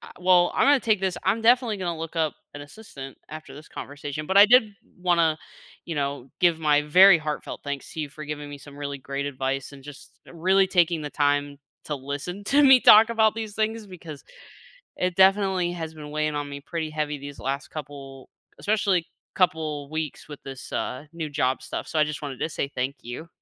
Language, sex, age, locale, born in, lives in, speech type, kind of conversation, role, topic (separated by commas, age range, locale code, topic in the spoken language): English, female, 25-29, en-US, United States, United States, conversation, advice, user, How can I balance work and family time?